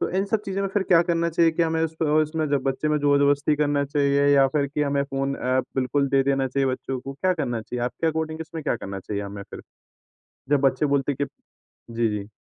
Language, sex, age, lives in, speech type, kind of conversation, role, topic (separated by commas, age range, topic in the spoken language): Hindi, male, 20-24, India, conversation, podcast, host, Aap apne bachchon ko zyada screen use aur jaankari ki bharmaar se kaise bachate ho?